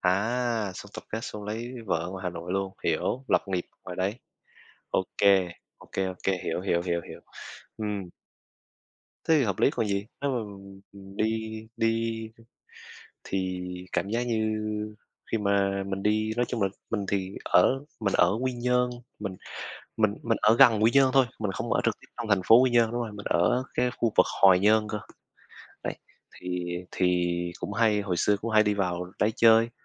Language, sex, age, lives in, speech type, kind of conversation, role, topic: Vietnamese, male, 20-24, Vietnam, conversation, unstructured, none, Bạn muốn đi đâu nếu có thể đi ngay bây giờ?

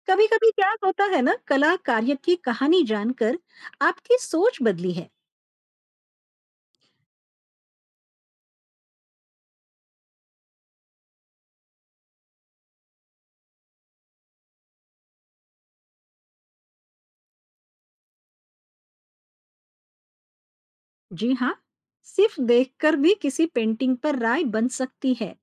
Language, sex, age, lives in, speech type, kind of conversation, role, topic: Hindi, female, 45-49, India, read, expert-assistant, expert, कला पर अपनी राय खुलकर रखने से आत्मविश्वास कैसे बढ़ा सकता हूँ?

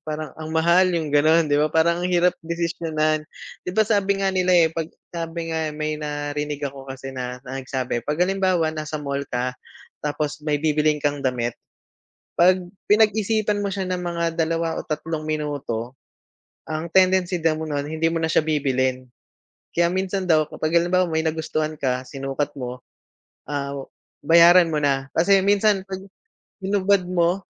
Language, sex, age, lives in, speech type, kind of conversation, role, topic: Filipino, male, 35-39, Philippines, conversation, unstructured, none, Paano mo ipinagdiriwang ang pagkakaroon ng dagdag na pera, ano ang unang bagay na binili mo matapos makapag-ipon nang malaki, at paano mo pinapawi ang stress kapag nauubos ang pera?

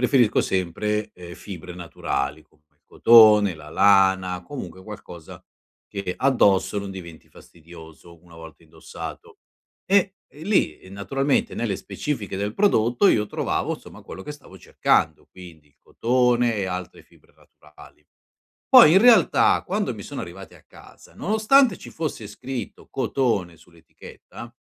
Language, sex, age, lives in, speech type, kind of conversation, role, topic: Italian, male, 50-54, Italy, conversation, advice, user, Come posso ottenere un rimborso o fare un reso se i vestiti comprati online sono di scarsa qualità?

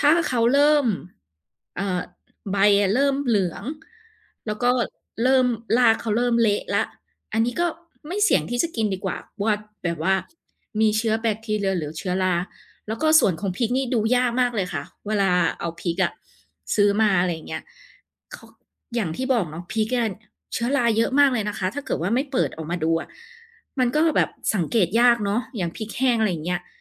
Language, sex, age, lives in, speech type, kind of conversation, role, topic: Thai, female, 30-34, Thailand, conversation, podcast, guest, คุณมีวิธีคิดเมนูจากของเหลือในตู้เย็นอย่างไรบ้าง?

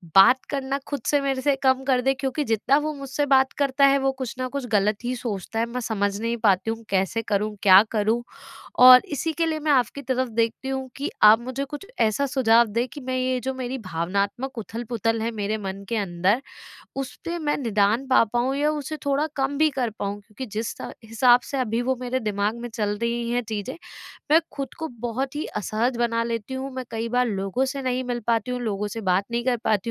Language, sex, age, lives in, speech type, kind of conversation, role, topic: Hindi, female, 25-29, India, conversation, advice, user, मैं दुनिया की घटनाओं से होने वाली चिंता को कम करके दैनिक जीवन में भावनात्मक स्थिरता कैसे बनाए रखूँ?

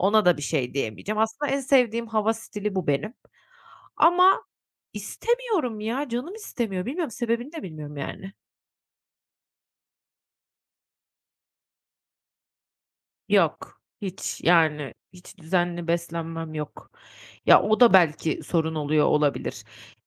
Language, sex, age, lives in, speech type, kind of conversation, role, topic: Turkish, female, 30-34, Netherlands, conversation, advice, user, İşe geri dönerken tükenmişliği nasıl önleyip yönetebilir ve kendime nasıl daha iyi bakabilirim?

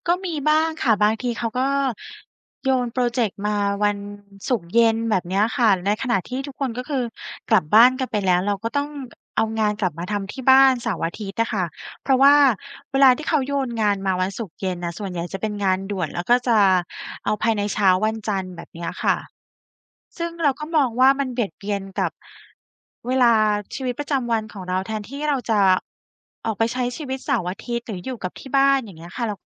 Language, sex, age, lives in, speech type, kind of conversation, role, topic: Thai, female, 35-39, Thailand, conversation, advice, user, คุณรู้สึกอย่างไรเมื่อถูกคาดหวังให้ทำงานล่วงเวลาเพราะคนอื่นมองว่าคุณพึ่งพาได้?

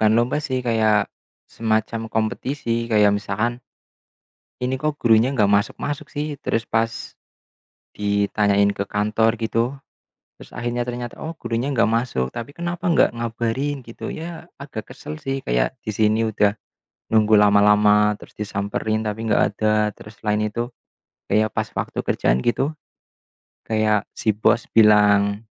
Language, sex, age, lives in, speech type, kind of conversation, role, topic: Indonesian, male, 25-29, Indonesia, conversation, unstructured, none, Kenangan apa yang membuatmu merasa marah ketika mengingatnya?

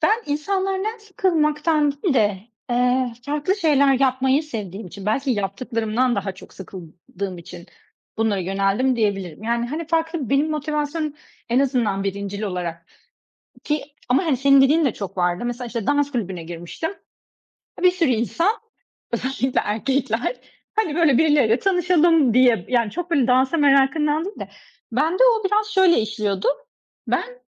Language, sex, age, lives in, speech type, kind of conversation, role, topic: Turkish, female, 40-44, Greece, conversation, unstructured, none, Hobiler sosyal hayatına nasıl katkıda bulunuyor?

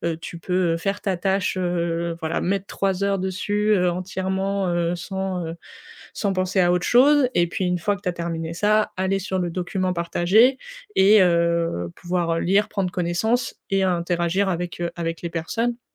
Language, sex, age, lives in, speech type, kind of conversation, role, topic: French, female, 20-24, France, conversation, advice, advisor, Comment trop de réunions nuisent-elles à ma capacité à me concentrer et à être productif ?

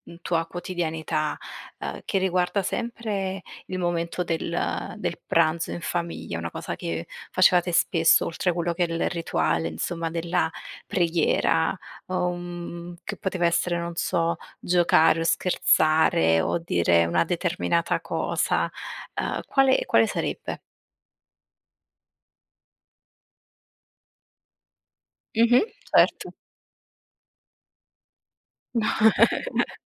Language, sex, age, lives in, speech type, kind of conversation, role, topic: Italian, female, 25-29, Italy, conversation, podcast, host, Che ruolo ha per te il pranzo in famiglia?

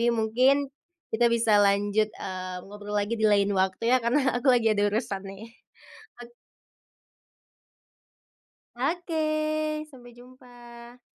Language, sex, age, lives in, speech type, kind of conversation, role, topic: Indonesian, female, 25-29, Indonesia, conversation, podcast, host, Bagaimana keluarga Anda biasanya mendukung Anda saat Anda sedang menghadapi masa sulit?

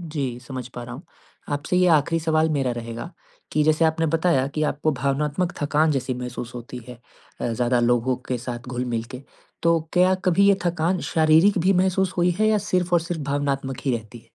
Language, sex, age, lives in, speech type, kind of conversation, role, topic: Hindi, male, 20-24, India, conversation, advice, advisor, त्योहारों और पार्टियों में जाते समय भावनात्मक थकान कम करने के लिए मैं क्या कर सकता/सकती हूँ?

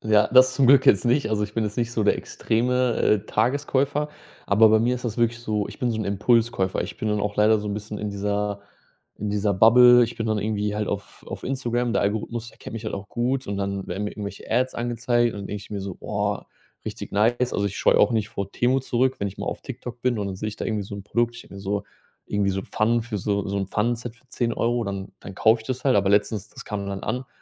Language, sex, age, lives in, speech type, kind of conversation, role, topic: German, male, 30-34, Germany, conversation, advice, user, Warum kaufe ich ständig billige Sachen, die schnell kaputtgehen?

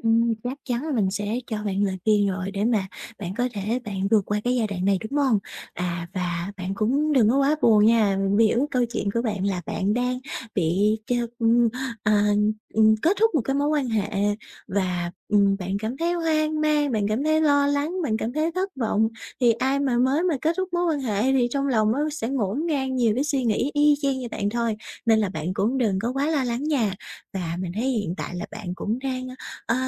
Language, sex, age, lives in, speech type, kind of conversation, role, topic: Vietnamese, female, 20-24, Vietnam, conversation, advice, advisor, Làm sao để khôi phục lòng tin vào bản thân sau khi chia tay và mất kỳ vọng?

